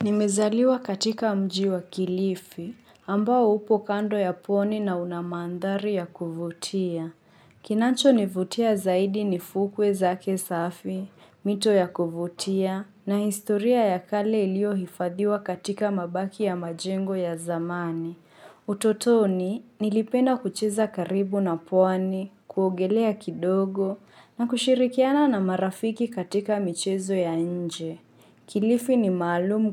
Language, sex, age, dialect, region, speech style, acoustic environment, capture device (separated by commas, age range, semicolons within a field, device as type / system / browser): Swahili, female, 25-34, Kenya - Mombasa, Kenya, focused; relaxed, construction; appliances; nature; silence, mobile / Linux / Chrome